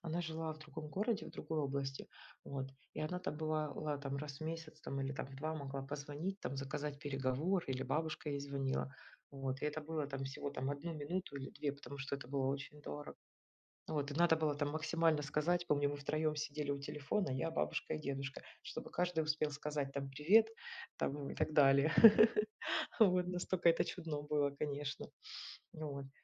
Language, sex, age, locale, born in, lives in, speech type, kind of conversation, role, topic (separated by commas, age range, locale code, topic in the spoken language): Russian, female, 40-44, ru-RU, Ukraine, Spain, conversation, unstructured, none, Как технологии влияют на ваше общение с друзьями и семьёй?